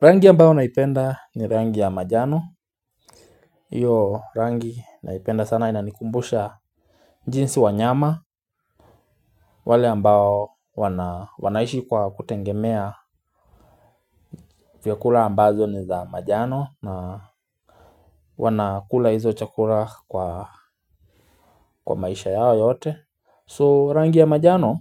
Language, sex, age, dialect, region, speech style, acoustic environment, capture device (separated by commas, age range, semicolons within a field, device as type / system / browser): Swahili, male, 25-34, Kenya - Nairobi Sheng-influenced, Kenya, relaxed; focused, silence; nature, mobile / Linux / Chrome